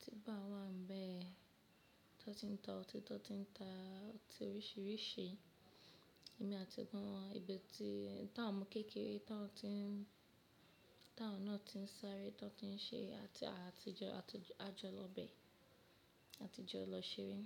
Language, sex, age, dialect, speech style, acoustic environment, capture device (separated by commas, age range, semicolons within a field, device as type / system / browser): Yoruba, female, 25-34, Nigeria - Lagos Yoruba, happy, people talking, mobile / Linux / Chrome